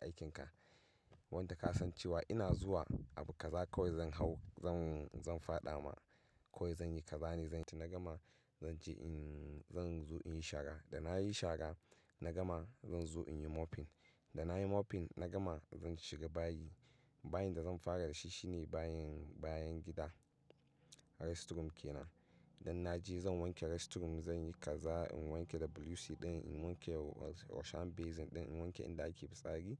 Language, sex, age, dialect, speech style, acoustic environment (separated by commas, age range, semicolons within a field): Hausa, male, 25-34, Nigeria - Katsina, happy, silence